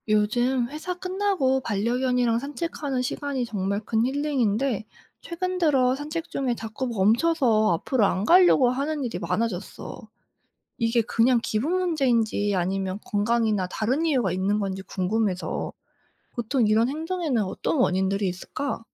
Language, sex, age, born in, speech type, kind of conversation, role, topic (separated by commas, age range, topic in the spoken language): Korean, female, 25-29, South Korea, read, expert-assistant, asker, 산책 중 반려견이 멈추는 원인과 해결법은 무엇인가요?